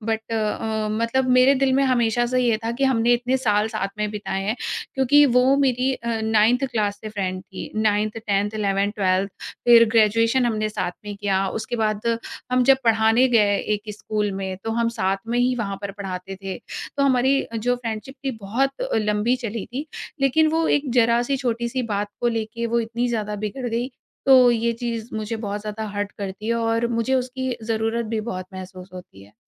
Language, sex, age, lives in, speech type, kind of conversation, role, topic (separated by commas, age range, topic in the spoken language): Hindi, female, 30-34, India, conversation, advice, user, करीबी दोस्त से बड़ी बहस के बाद रिश्ते को कैसे ठीक किया जाए?